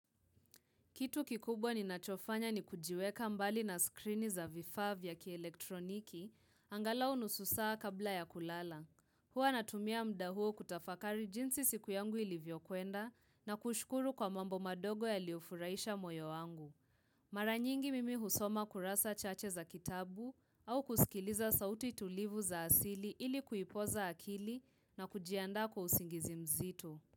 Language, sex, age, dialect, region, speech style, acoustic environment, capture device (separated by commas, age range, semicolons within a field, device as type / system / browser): Swahili, female, 18-24, Kenya - Nairobi Sheng-influenced, Kenya, relaxed, silence, mobile / Linux / Chrome